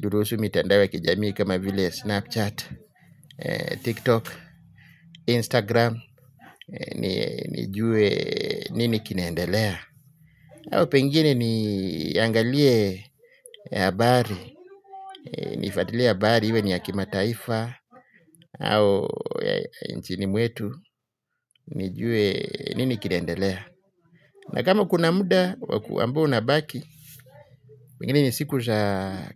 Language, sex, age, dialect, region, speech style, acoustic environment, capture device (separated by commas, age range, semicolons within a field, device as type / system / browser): Swahili, male, 35-44, Kenya - Mombasa, Kenya, happy, people talking; traffic, mobile / Linux / Chrome